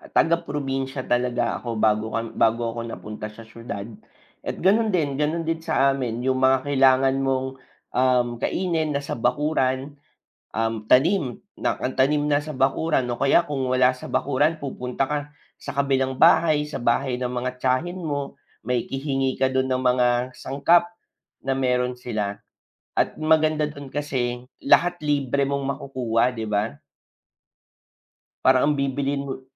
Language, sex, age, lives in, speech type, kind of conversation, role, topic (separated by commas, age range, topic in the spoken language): Filipino, male, 25-29, Philippines, conversation, unstructured, none, Ano ang opinyon mo sa pagkain sa bahay kumpara sa pagkain sa labas?